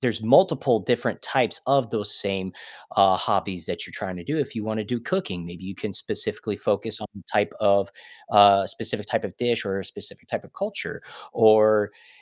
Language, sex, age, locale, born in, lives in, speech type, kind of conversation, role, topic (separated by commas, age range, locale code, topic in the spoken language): English, male, 30-34, en-US, United States, United States, conversation, advice, advisor, How can I make meaningful friends and feel less lonely after moving to a new city?